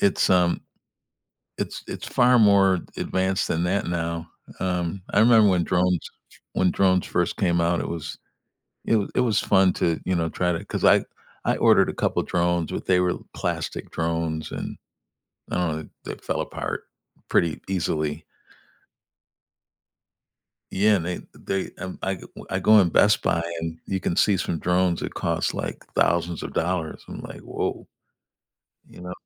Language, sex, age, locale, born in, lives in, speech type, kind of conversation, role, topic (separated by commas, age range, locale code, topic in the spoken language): English, male, 65-69, en-US, United States, United States, conversation, unstructured, none, How are drones changing the way we solve everyday problems?